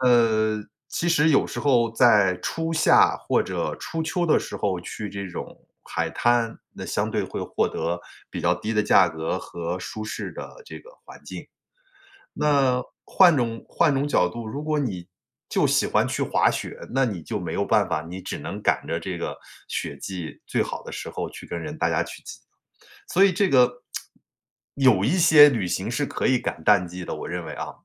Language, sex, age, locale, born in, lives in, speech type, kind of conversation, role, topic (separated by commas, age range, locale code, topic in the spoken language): Chinese, male, 45-49, zh-CN, China, United States, conversation, advice, advisor, 假期里怎样放松并恢复精力？